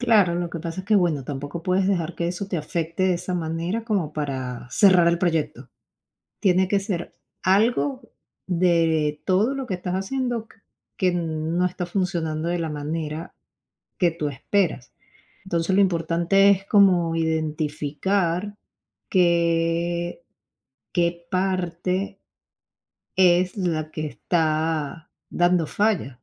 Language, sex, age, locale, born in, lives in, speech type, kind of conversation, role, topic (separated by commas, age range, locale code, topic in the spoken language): Spanish, female, 35-39, es-VE, Venezuela, United States, conversation, advice, advisor, ¿Cómo puedo manejar el bloqueo que siento después de recibir críticas negativas durante mucho tiempo?